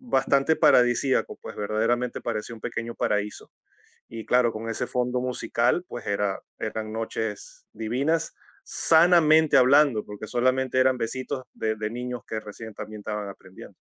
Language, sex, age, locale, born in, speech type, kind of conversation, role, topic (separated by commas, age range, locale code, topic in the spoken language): Spanish, male, 45-49, es-VE, Venezuela, conversation, podcast, guest, ¿Qué canción sonaba cuando conociste a alguien especial?